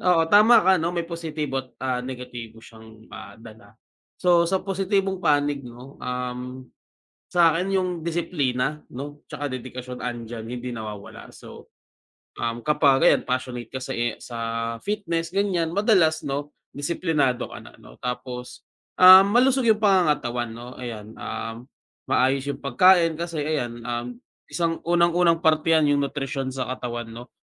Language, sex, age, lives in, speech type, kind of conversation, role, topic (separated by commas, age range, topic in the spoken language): Filipino, male, 25-29, Philippines, conversation, unstructured, none, Ano ang opinyon mo sa mga taong labis na nahuhumaling sa pag-eehersisyo at pag-aalaga sa katawan?